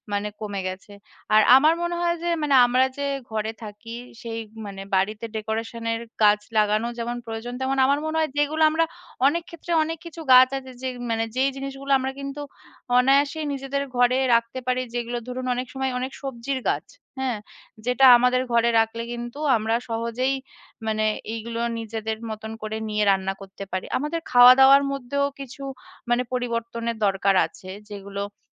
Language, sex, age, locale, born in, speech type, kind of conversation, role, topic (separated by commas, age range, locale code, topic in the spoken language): Bengali, female, 30-34, bn-IN, India, conversation, unstructured, none, আপনি কীভাবে পরিবেশবান্ধব জীবনযাপন শুরু করতে পারেন?